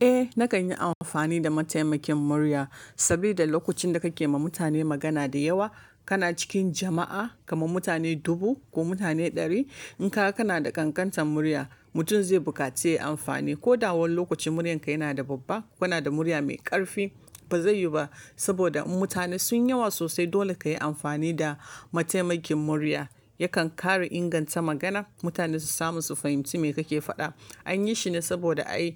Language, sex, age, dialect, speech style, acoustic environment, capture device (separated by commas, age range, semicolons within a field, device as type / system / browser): Hausa, female, 25-34, Nigeria - Kano, relaxed, silence, mobile / Linux / Chrome